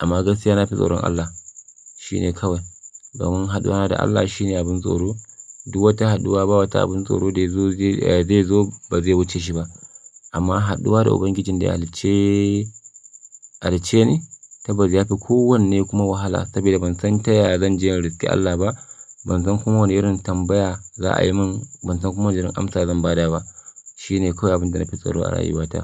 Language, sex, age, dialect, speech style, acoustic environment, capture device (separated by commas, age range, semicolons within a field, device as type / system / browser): Hausa, male, 25-34, Nigeria - Kano, happy, silence, mobile / Linux / Chrome